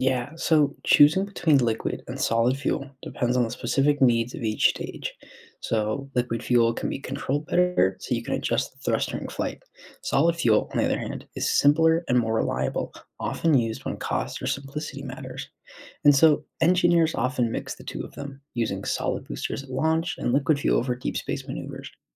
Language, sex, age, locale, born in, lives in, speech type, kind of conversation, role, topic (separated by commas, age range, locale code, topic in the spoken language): English, male, 20-24, en-US, United States, United States, read, expert-assistant, expert, How do rockets launch into space?